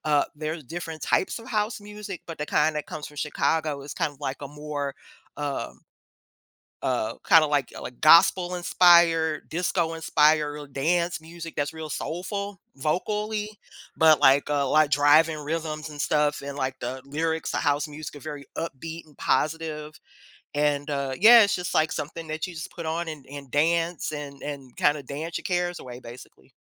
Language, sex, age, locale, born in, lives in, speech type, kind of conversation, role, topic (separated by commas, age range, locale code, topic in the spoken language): English, female, 50-54, en-US, United States, United States, conversation, podcast, guest, In what ways does music shape your everyday experiences and emotions?